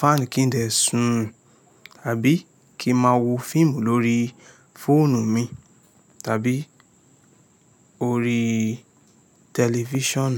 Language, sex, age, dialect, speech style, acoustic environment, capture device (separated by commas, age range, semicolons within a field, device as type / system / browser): Yoruba, male, 25-34, Nigeria - Lagos Yoruba, excited, silence; nature, mobile / Linux / Chrome